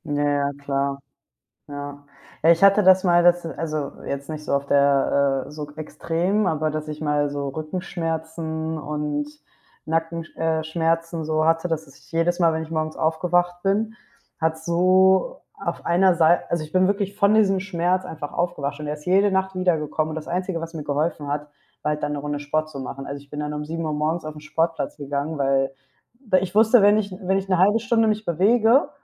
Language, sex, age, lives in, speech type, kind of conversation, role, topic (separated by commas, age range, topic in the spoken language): German, female, 25-29, Spain, conversation, unstructured, none, Kannst du eine Geschichte erzählen, die mit deinem Hobby zu tun hat?